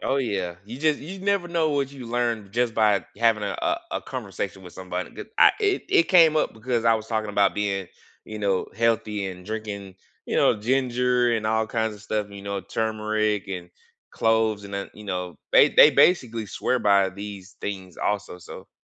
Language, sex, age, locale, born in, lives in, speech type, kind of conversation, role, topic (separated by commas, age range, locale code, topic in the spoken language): English, male, 35-39, en-US, United States, United States, conversation, unstructured, none, Is it worth paying extra for eco-friendly products, or should you save money by buying the cheapest option?